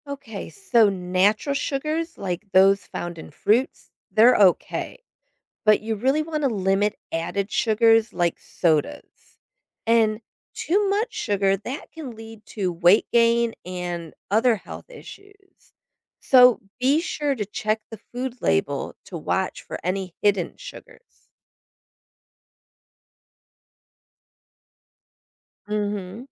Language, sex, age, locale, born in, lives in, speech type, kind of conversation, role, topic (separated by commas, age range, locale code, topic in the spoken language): English, female, 50-54, en-US, United States, United States, read, expert-assistant, expert, What are the key elements of a healthy diet?